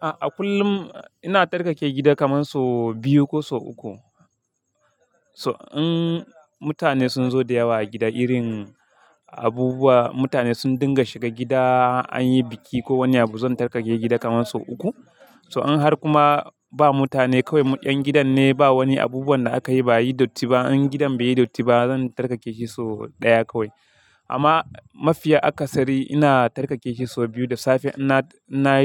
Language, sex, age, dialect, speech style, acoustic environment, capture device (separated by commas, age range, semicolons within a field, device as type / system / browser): Hausa, male, 25-34, Nigeria - Kano, happy, silence, mobile / Linux / Chrome